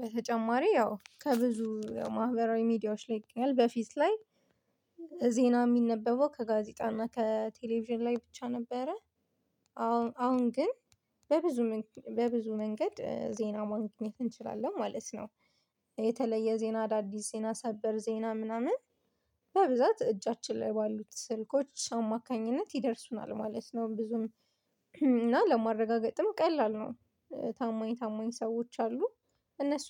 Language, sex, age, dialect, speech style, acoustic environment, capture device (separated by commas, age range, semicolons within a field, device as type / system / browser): Amharic, female, 25-34, Ethiopia - Addis Ababa, focused, silence, mobile / Linux / Chrome